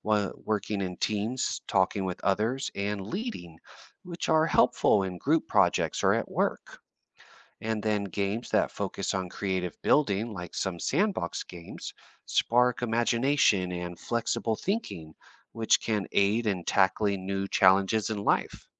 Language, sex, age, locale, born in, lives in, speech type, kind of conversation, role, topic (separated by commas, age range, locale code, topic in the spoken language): English, male, 35-39, en-US, United States, United States, read, expert-assistant, expert, How do video games influence cognitive development in teenagers?